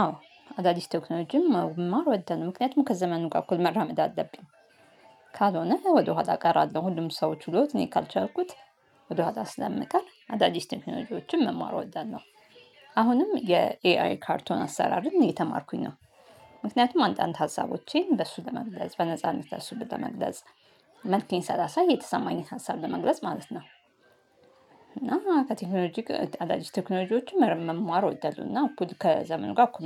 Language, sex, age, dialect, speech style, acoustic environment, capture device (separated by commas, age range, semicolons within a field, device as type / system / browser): Amharic, female, 35-44, Ethiopia - Addis Ababa, happy, nature, mobile / Linux / Chrome